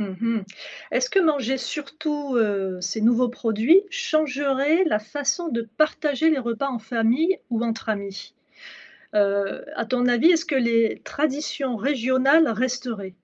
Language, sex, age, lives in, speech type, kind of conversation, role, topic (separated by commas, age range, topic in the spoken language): French, female, 50-54, France, read, expert-assistant, asker, Si l’océan Atlantique disparaissait du jour au lendemain, à quoi ressemblerait notre vie en France ?